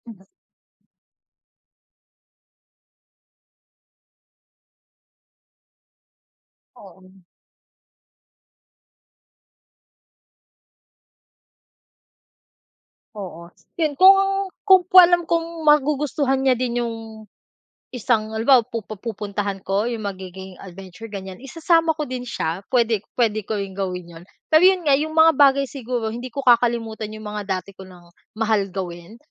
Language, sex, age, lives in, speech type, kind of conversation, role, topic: Filipino, female, 25-29, Philippines, conversation, unstructured, none, Paano mo pinahahalagahan ang oras para sa sarili habang nasa isang relasyon?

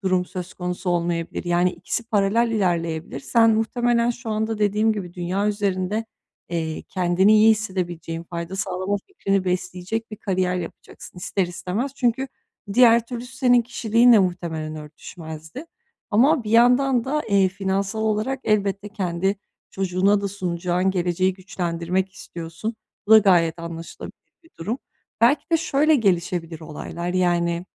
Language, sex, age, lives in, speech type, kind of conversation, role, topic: Turkish, female, 30-34, Bulgaria, conversation, advice, advisor, İlişkimizde değerlerimiz ve önceliklerimizin ne kadar uyumlu olduğunu nasıl değerlendirebilirim?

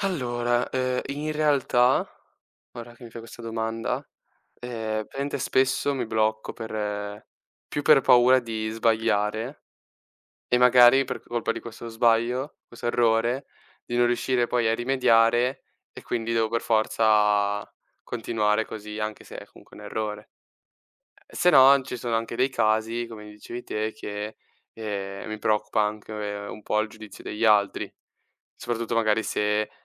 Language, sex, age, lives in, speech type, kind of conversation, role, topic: Italian, male, 18-19, Italy, read, expert-assistant, asker, Come si può imparare a prendere decisioni difficili?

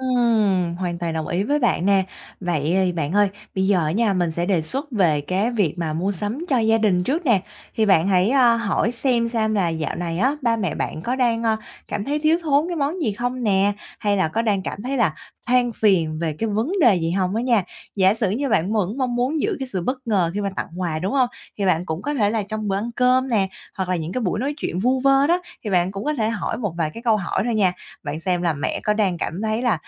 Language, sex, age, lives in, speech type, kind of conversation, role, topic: Vietnamese, female, 25-29, Vietnam, conversation, advice, advisor, Bạn nên làm gì khi bối rối vì có quá nhiều lựa chọn mua sắm?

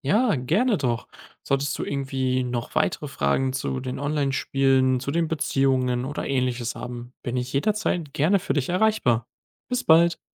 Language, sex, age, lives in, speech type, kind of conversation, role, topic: German, male, 20-24, Germany, read, expert-assistant, expert, Wie beeinflusst gemeinsames Online-Spielen unsere Beziehungen?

